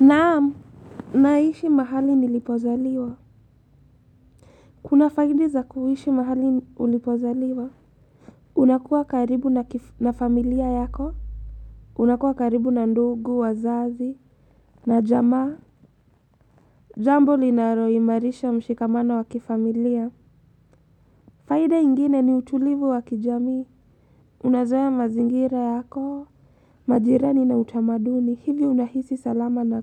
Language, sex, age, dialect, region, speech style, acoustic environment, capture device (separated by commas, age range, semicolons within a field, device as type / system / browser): Swahili, female, 18-24, Kenya - Mombasa, Kenya, happy, silence, mobile / Linux / Chrome